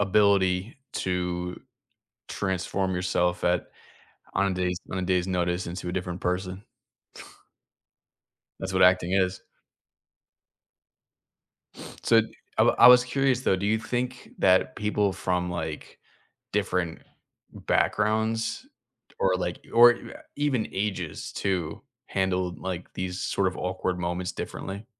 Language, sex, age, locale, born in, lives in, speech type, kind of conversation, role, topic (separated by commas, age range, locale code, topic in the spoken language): English, male, 30-34, en-US, United States, United States, conversation, unstructured, none, How do you recover from a small social mishap in a way that keeps things comfortable?